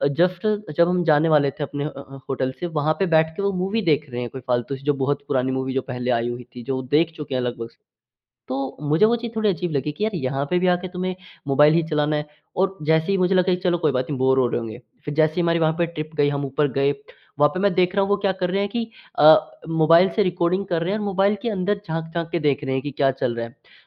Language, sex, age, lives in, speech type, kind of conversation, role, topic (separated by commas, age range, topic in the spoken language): Hindi, male, 18-19, India, conversation, podcast, guest, डिजिटल जीवन को वास्तविक जीवन के साथ संतुलित रखने के लिए क्या सुझाव हैं?